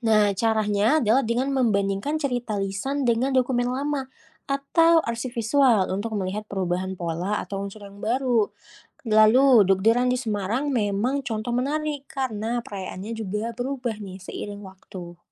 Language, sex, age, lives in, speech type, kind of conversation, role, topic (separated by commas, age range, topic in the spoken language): Indonesian, female, 25-29, Indonesia, read, expert-assistant, expert, Bagaimana cara memastikan cerita tentang kebiasaan unik suatu daerah itu benar, bukan hanya mitos?